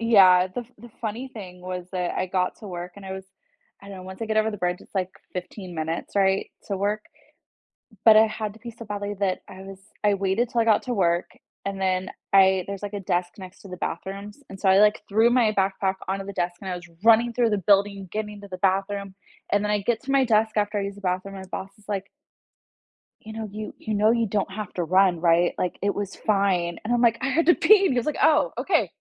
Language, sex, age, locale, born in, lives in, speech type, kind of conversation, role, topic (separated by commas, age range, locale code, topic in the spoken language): English, female, 30-34, en-US, United States, United States, conversation, unstructured, none, How do small moments of happiness shape your everyday life?